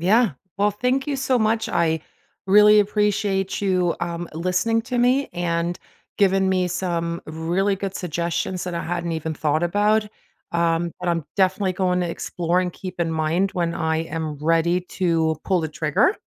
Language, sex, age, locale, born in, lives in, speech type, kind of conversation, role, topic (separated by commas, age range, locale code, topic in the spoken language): English, female, 45-49, en-DE, Germany, United States, conversation, advice, user, How can I plan my dream vacation within a budget?